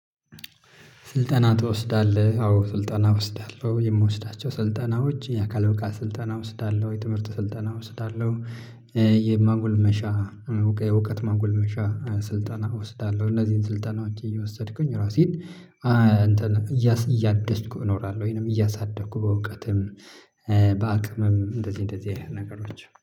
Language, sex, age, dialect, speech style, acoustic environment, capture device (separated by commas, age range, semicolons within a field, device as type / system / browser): Amharic, male, 25-34, Ethiopia - Addis Ababa, happy, silence, mobile / Linux / Chrome